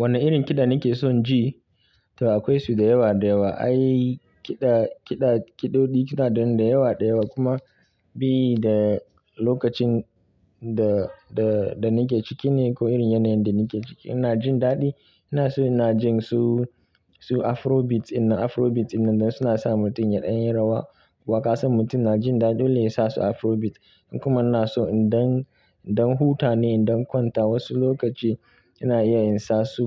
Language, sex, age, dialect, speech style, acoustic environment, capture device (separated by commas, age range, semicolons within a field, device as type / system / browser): Hausa, male, 25-34, Nigeria - Kano, stressed, silence, mobile / Linux / Chrome